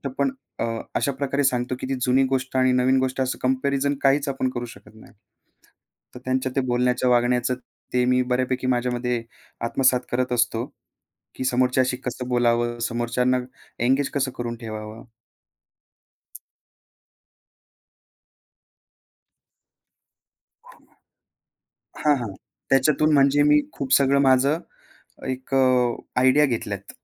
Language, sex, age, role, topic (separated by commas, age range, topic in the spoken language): Marathi, male, 25-29, guest, सोशल मीडियाने तुमची शैली कशी घडवली?